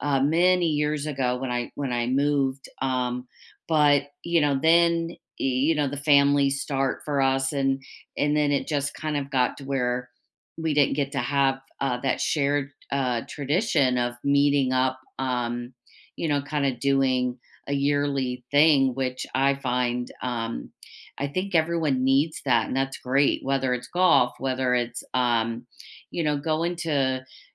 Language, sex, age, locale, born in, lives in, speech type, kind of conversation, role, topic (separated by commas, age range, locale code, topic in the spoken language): English, female, 50-54, en-US, United States, United States, conversation, unstructured, none, What shared traditions would you love to start or revive together to deepen our connection?